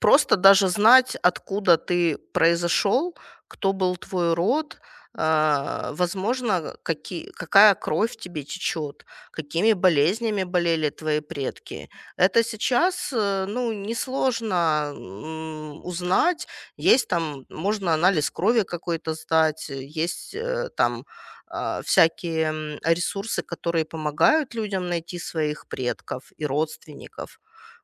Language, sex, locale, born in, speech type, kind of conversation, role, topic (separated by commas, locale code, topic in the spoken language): Russian, female, ru-RU, Ukraine, conversation, advice, advisor, Какое личное наследие я хочу оставить после себя и почему это важно для меня?